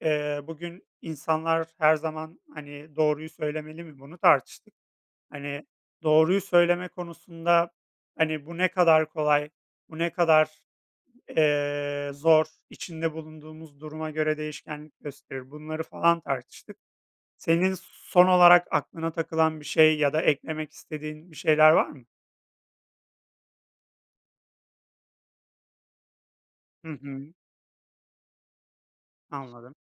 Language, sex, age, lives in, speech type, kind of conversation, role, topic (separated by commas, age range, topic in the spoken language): Turkish, male, 30-34, Poland, conversation, unstructured, none, İnsanlar her zaman doğruyu söylemeli mi?